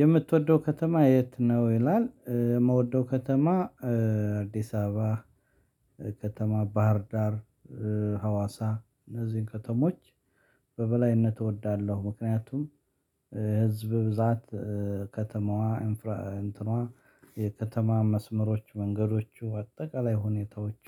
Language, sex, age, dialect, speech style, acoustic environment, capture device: Amharic, male, 35-44, Ethiopia - Addis Ababa, excited, silence, mobile / Linux / Chrome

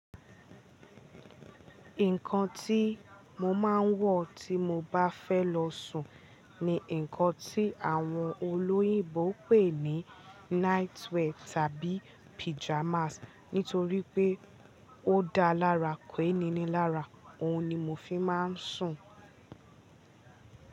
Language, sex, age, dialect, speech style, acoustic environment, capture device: Yoruba, female, 18-24, Nigeria - Ibadan, happy, nature, mobile / Linux / Chrome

